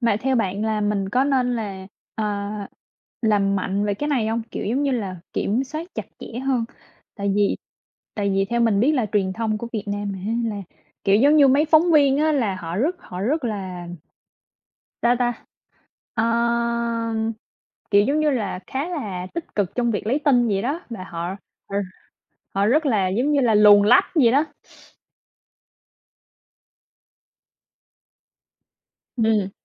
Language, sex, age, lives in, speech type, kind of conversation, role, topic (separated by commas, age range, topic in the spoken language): Vietnamese, male, 20-24, United States, conversation, unstructured, none, Tin giả lan truyền nhanh có gây hại cho xã hội không?